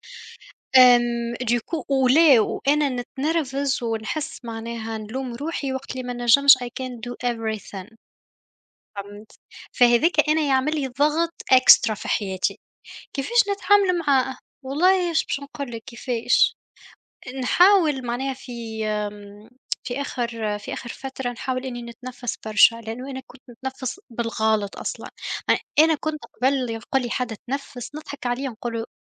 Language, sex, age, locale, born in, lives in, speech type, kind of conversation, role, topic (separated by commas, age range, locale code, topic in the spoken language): Arabic, female, 25-29, ar-TN, Tunisia, Germany, conversation, unstructured, none, إزاي الضغوط اليومية بتأثر على صحتك النفسية؟